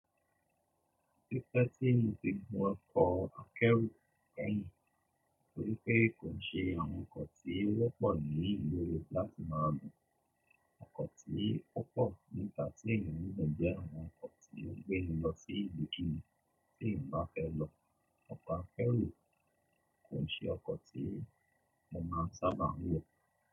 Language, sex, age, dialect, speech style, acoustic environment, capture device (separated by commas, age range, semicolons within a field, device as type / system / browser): Yoruba, male, 35-44, Nigeria - Lagos Yoruba, relaxed; focused, silence, mobile / Linux / Chrome